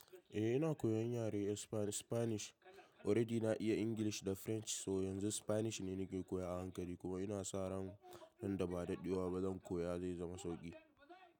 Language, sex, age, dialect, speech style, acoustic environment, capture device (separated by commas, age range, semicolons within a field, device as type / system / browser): Hausa, male, 18-24, Nigeria - Kano, tired, people talking, mobile / macOS / Safari